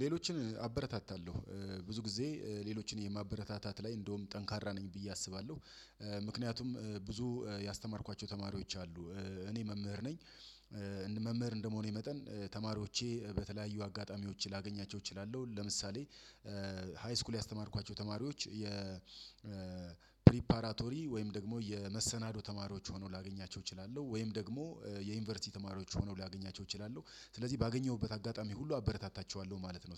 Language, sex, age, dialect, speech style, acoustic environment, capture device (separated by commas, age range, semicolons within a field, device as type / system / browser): Amharic, male, 35-44, Ethiopia - Addis Ababa, excited, silence, desktop / Windows / Chrome